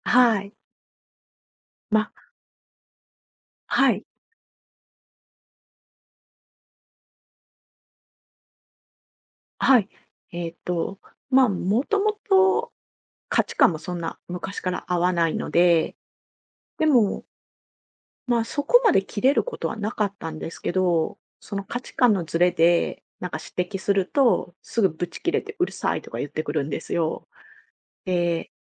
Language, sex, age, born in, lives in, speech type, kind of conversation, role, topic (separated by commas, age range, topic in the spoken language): Japanese, female, 45-49, Japan, Japan, conversation, advice, user, 最近ケンカが増えて会話が続かないのですが、どうすれば改善できますか？